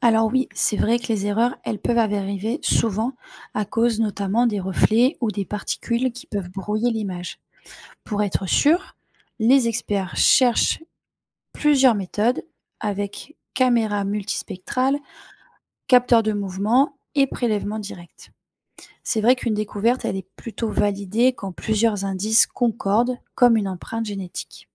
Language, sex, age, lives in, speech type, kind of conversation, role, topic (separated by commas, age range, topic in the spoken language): French, female, 30-34, France, read, expert-assistant, expert, Quelle méthode rend l’étude des océans plus vivante et moins théorique ?